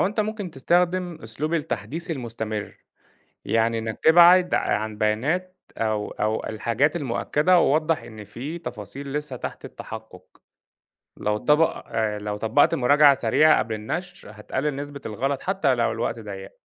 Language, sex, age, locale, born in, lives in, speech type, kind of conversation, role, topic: Arabic, male, 30-34, ar-EG, Egypt, Egypt, read, expert-assistant, expert, إزاي أقدر أفرّق بين المصادر الموثوقة وغير الموثوقة لما أبحث عن معلومات عن العلاقات العامة؟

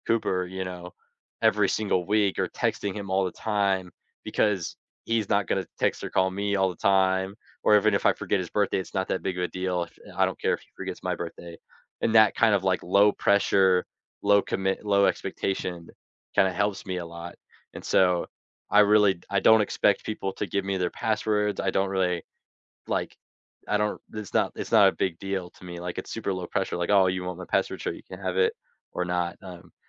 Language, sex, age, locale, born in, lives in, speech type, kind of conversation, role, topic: English, male, 18-19, en-US, United States, United States, conversation, unstructured, none, What do you think about sharing passwords in a relationship?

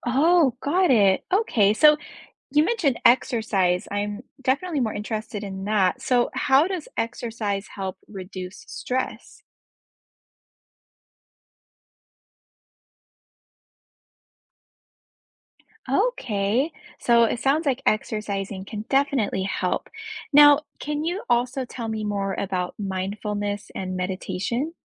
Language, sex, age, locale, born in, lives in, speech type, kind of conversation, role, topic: English, female, 30-34, en-US, United States, United States, read, expert-assistant, asker, What are some effective strategies for reducing stress?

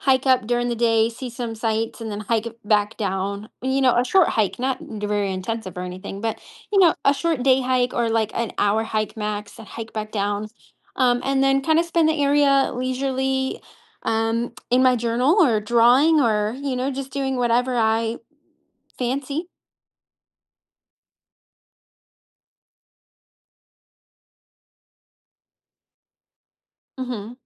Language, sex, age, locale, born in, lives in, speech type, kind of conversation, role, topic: English, female, 30-34, en-US, United States, United States, conversation, advice, user, How can I stay safe on my first solo trip?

